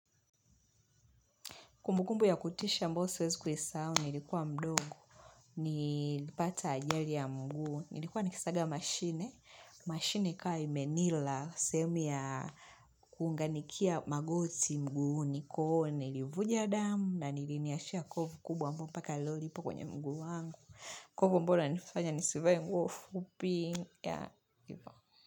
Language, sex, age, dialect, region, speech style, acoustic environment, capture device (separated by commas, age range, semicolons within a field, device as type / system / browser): Swahili, female, 25-34, Tanzania - Dar es Salaam, Tanzania, focused; relaxed, silence; music; people talking; nature, mobile / Linux / Chrome